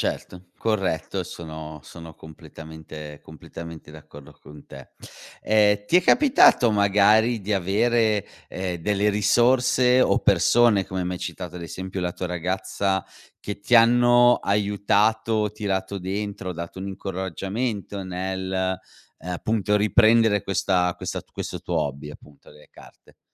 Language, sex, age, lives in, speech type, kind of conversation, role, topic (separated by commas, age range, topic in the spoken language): Italian, male, 40-44, Italy, conversation, podcast, host, Hai mai ripreso un hobby durante un momento difficile?